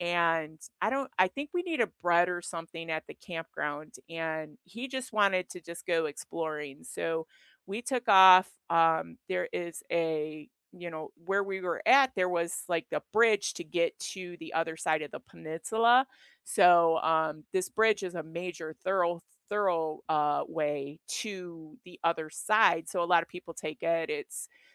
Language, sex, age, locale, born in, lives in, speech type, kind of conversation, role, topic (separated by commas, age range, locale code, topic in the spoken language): English, female, 55-59, en-US, United States, United States, conversation, unstructured, none, What is your favorite sunrise or sunset spot that you discovered while traveling?